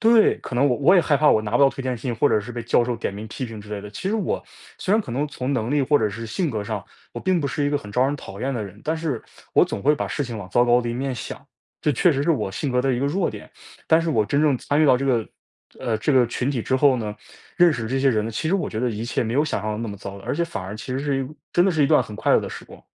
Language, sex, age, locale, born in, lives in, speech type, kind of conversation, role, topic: Chinese, male, 20-24, zh-CN, China, United States, conversation, podcast, guest, 哪次经历让你更懂得感恩？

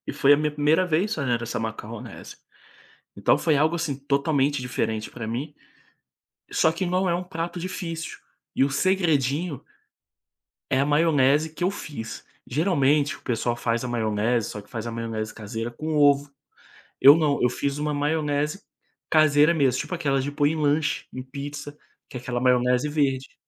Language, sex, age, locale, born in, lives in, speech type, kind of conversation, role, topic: Portuguese, male, 25-29, pt-BR, Brazil, Spain, conversation, podcast, guest, Me conta sobre as tradições culturais da sua família?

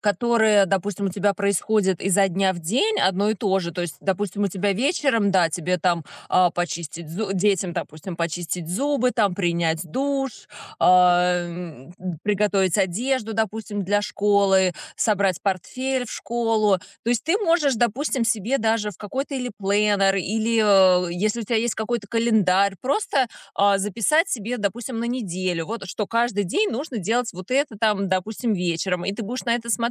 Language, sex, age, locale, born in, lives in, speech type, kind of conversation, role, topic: Russian, female, 35-39, ru-RU, Russia, United States, conversation, advice, advisor, Как мне регулярно и понятно записывать идеи и новые концепции?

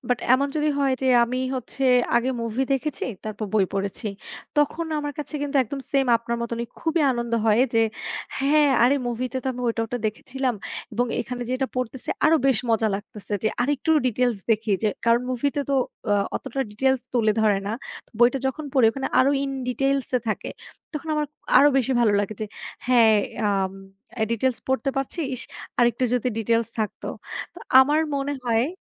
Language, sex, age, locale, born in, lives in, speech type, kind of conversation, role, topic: Bengali, female, 25-29, bn-BD, Bangladesh, Bangladesh, conversation, unstructured, none, বই পড়া আর সিনেমা দেখা—কোনটি আপনার বেশি ভালো লাগে?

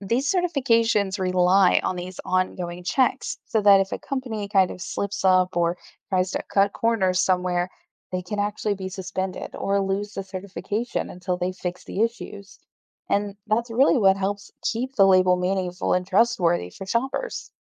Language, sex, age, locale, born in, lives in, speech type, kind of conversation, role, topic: English, female, 35-39, en-US, Germany, United States, read, expert-assistant, expert, What are some creative ways individuals can contribute to wildlife conservation in their daily lives?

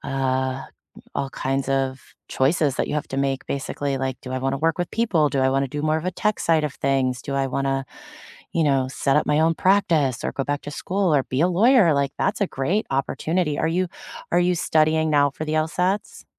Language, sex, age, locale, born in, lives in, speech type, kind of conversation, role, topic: English, female, 35-39, en-US, United States, United States, conversation, unstructured, none, What unexpected career detours opened doors for you, and what did you learn along the way?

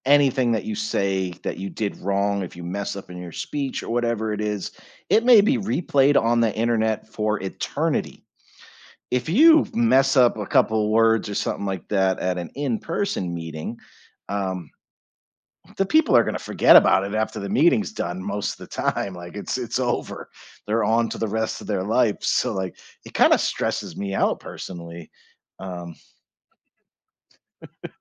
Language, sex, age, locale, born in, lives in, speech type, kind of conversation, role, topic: English, male, 45-49, en-US, United States, United States, conversation, podcast, host, How do our everyday interactions with others shape our overall happiness and health?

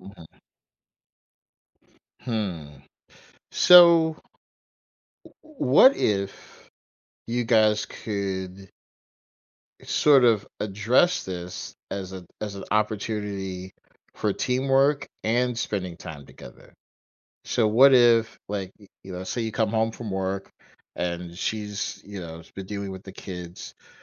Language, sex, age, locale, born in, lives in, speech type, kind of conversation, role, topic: English, male, 45-49, en-US, United States, United States, conversation, advice, advisor, How can I better balance my work hours with family time?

